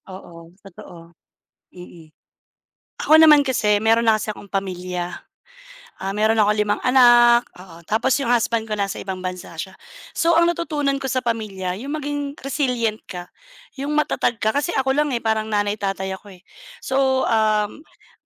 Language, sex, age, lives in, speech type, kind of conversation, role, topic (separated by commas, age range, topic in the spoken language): Filipino, female, 35-39, Philippines, conversation, unstructured, none, Ano ang pinakamahalagang aral na natutunan mo mula sa iyong pamilya?